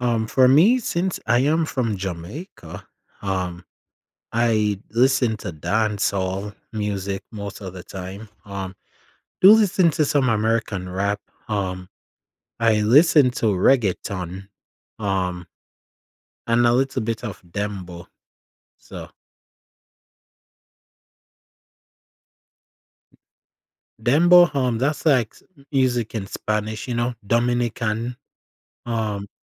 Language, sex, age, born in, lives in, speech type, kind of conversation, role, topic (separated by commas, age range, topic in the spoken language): English, male, 20-24, Jamaica, United States, conversation, podcast, guest, In what ways can music help build connections between people from different backgrounds?